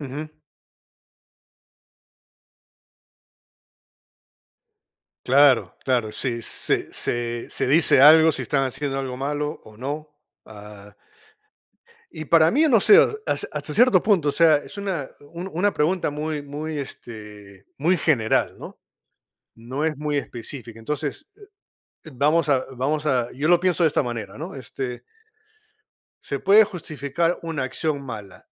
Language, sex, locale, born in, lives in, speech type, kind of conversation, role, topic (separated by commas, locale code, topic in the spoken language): Spanish, male, es-PE, United States, United States, conversation, unstructured, none, ¿Se puede justificar una acción mala si ayuda a alguien cercano?